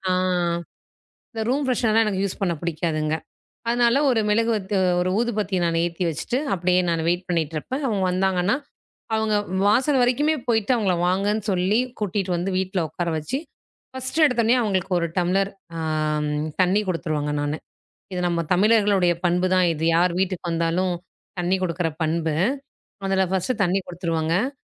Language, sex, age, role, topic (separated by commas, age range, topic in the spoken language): Tamil, female, 35-39, guest, விருந்தினரை நீங்கள் வழக்கமாக எப்படி வரவேற்கிறீர்கள்?